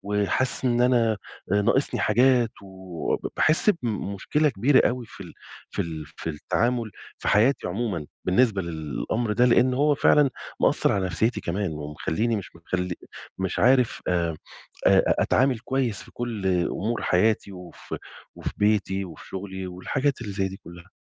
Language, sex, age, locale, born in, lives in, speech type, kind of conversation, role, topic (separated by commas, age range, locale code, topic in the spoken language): Arabic, male, 40-44, ar-EG, Egypt, Egypt, conversation, advice, user, إزاي أتعامل مع الملل وفقدان الحافز في حياتي؟